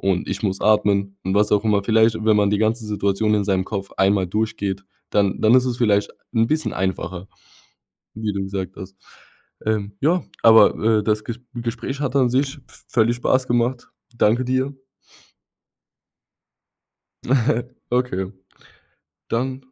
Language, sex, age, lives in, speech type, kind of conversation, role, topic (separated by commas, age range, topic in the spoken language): German, male, 20-24, Germany, conversation, podcast, host, Welche Tricks helfen dir, Stress im Alltag zu reduzieren?